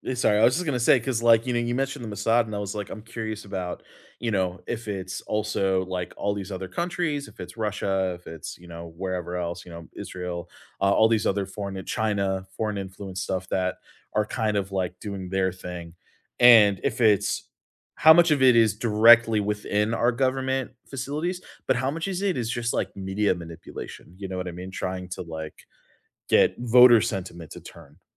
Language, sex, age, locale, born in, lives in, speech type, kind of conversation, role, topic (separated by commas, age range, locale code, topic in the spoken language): English, male, 30-34, en-US, United States, United States, conversation, unstructured, none, How should I evaluate causes of corruption in our politics?